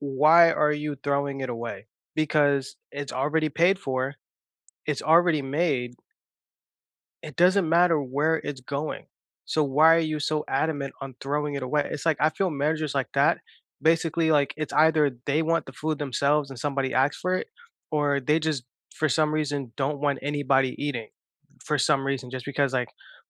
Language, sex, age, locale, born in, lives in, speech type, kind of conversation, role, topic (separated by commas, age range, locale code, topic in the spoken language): English, male, 20-24, en-US, United States, United States, conversation, unstructured, none, What small joy unexpectedly brightened your week?